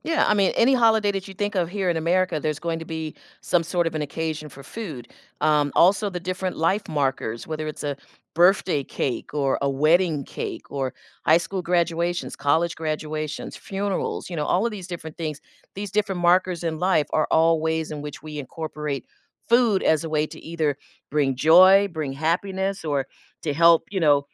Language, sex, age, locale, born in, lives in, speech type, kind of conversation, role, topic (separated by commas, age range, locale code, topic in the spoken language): English, female, 60-64, en-US, United States, United States, conversation, podcast, guest, How do shared meals and food traditions shape our sense of belonging and connection to our culture?